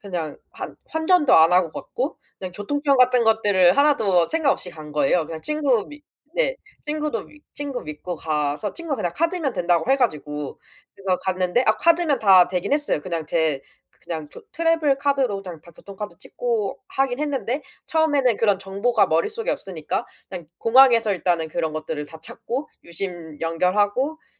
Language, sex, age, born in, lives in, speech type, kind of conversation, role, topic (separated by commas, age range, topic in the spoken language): Korean, female, 25-29, South Korea, South Korea, conversation, podcast, guest, 혼자 여행할 때는 보통 어떻게 계획을 세우는 편이야?